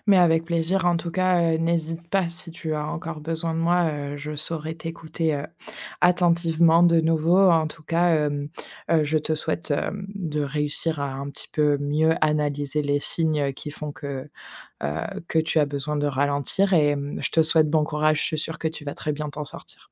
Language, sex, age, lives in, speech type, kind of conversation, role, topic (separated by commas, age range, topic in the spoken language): French, female, 25-29, France, conversation, advice, advisor, Comment puis-je me reposer sans culpabiliser ?